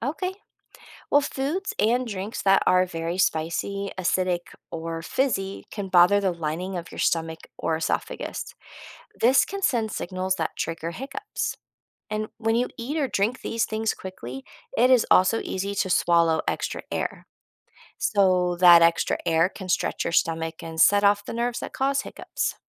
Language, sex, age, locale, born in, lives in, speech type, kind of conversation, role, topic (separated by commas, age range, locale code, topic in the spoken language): English, female, 40-44, en-US, United States, United States, read, expert-assistant, expert, What causes hiccups and how can they be stopped?